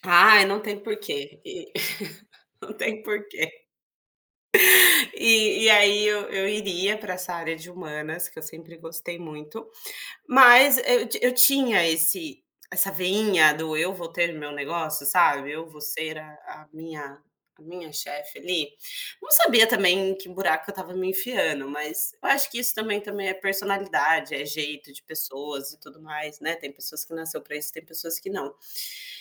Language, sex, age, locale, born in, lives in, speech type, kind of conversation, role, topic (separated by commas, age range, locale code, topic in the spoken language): Portuguese, female, 30-34, pt-BR, Brazil, Portugal, conversation, unstructured, none, Como a pressão por notas afeta o aprendizado dos estudantes?